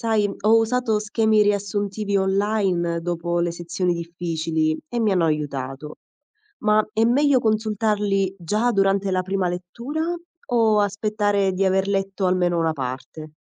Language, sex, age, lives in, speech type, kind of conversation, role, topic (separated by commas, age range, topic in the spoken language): Italian, female, 25-29, Italy, read, expert-assistant, asker, Hai mai trovato difficile capire un romanzo contemporaneo? Quali strategie possiamo usare per non perderci tra trame complesse o linguaggio particolare?